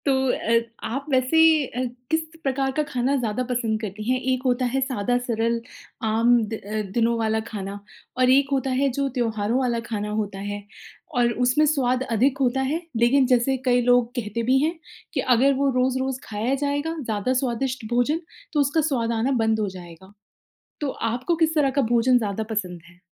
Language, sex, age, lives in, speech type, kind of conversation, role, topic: Hindi, female, 35-39, India, conversation, podcast, host, आपका सबसे पसंदीदा घर का बना खाना कौन सा है?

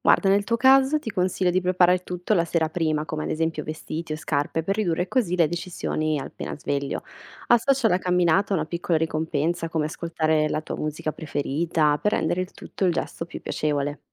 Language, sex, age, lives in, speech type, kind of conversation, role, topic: Italian, female, 25-29, Italy, read, expert-assistant, expert, In che modo piccoli cambiamenti quotidiani possono portare a grandi miglioramenti personali nel tempo?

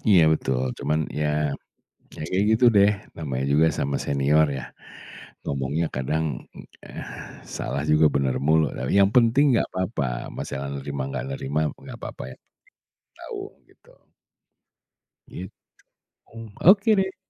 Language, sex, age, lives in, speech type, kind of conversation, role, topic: Indonesian, male, 40-44, Indonesia, conversation, podcast, guest, Bagaimana biasanya konflik antargenerasi muncul di keluargamu?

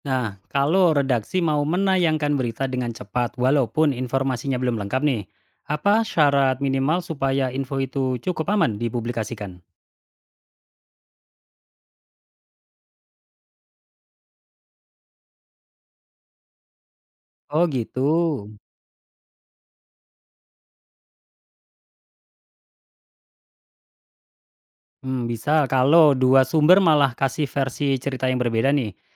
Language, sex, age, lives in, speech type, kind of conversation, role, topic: Indonesian, male, 35-39, Indonesia, read, expert-assistant, asker, Bagaimana menentukan prioritas antara kecepatan dan ketepatan saat meliput berita penting?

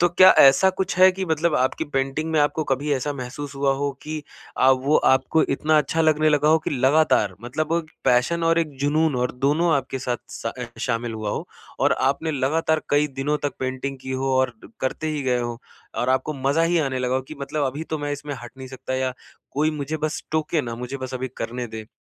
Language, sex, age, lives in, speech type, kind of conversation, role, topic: Hindi, male, 25-29, India, conversation, podcast, host, Kaunsa simple shauk tumhe turant aaram de deta hai?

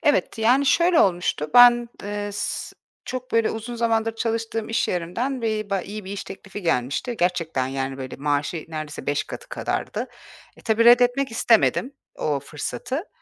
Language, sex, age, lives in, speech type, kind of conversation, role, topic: Turkish, female, 40-44, Portugal, conversation, advice, user, Tasarruf yaparken kendimi neden sürekli mahrum hissediyorum?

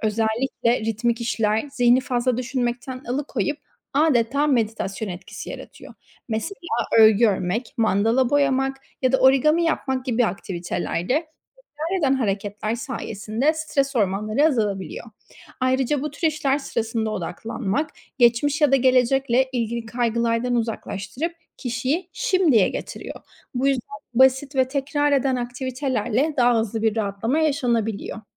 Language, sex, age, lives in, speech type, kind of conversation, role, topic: Turkish, female, 25-29, Spain, read, expert-assistant, expert, Yaratıcı hobiler insanı neden daha mutlu ve huzurlu yapar mı?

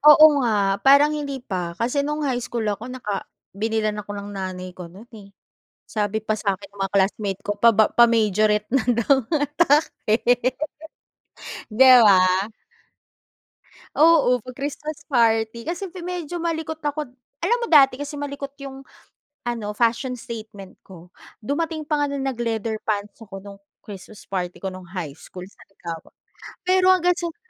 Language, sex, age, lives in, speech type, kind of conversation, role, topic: Filipino, female, 35-39, Philippines, conversation, podcast, host, May hinahangaan ka bang idolo sa pananamit sa mundo ng aliwan?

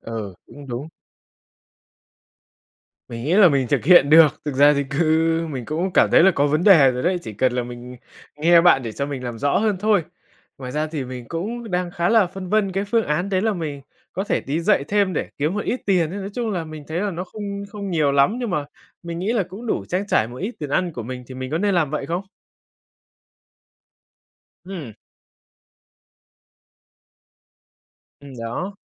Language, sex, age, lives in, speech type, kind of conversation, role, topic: Vietnamese, male, 20-24, Vietnam, conversation, advice, user, Làm thế nào để điều chỉnh chi tiêu và kế hoạch tương lai khi tài chính đột ngột thay đổi?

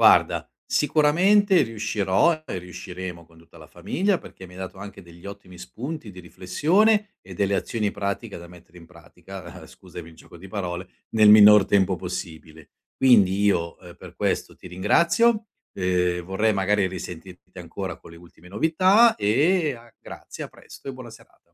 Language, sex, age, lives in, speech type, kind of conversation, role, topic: Italian, male, 50-54, Italy, conversation, advice, user, Come posso adattarmi al nuovo ritmo quotidiano dopo essermi trasferito/a in un’altra città?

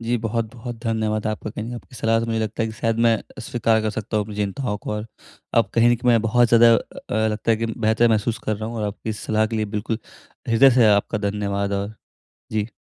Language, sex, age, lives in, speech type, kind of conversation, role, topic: Hindi, male, 20-24, India, conversation, advice, user, मैं अपनी चिंता और भावनाओं को सहजता से कैसे स्वीकार कर सकता/सकती हूँ?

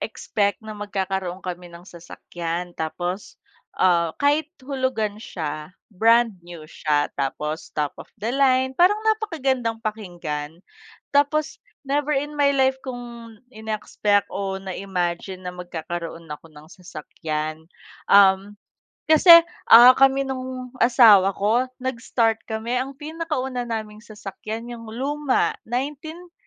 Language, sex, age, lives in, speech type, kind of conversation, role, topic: Filipino, female, 30-34, Philippines, conversation, unstructured, none, Ano ang naramdaman mo nang makamit mo ang isa sa pinakamalalaking pangarap mo?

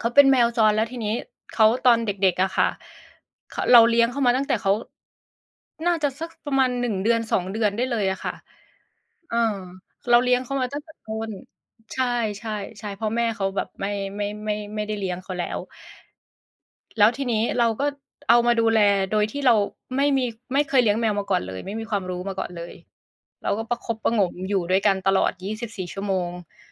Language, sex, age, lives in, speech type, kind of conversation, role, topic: Thai, female, 30-34, Thailand, conversation, unstructured, none, ความทรงจำอะไรที่ทำให้คุณยิ้มได้ทันที?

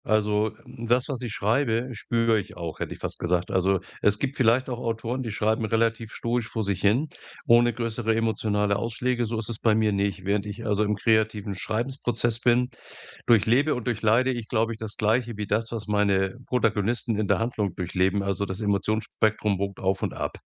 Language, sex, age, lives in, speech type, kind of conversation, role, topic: German, male, 65-69, Germany, conversation, podcast, guest, Was ist dein Lieblingsort, an dem du besonders kreativ bist?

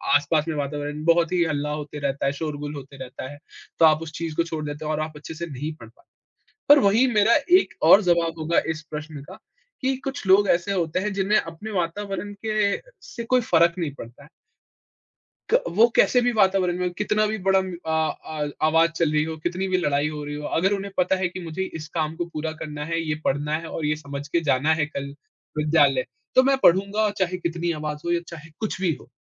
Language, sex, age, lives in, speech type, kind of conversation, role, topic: Hindi, male, 20-24, India, conversation, podcast, guest, पढ़ाई को मज़ेदार बनाने के कौन-कौन से तरीके हो सकते हैं?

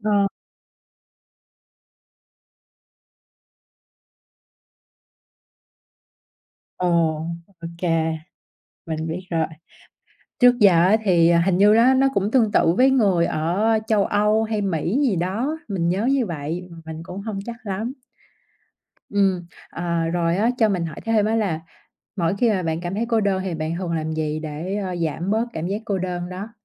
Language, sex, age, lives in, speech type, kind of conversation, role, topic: Vietnamese, female, 30-34, Vietnam, conversation, podcast, host, Bạn xử lý cảm giác cô đơn khi ở một mình như thế nào?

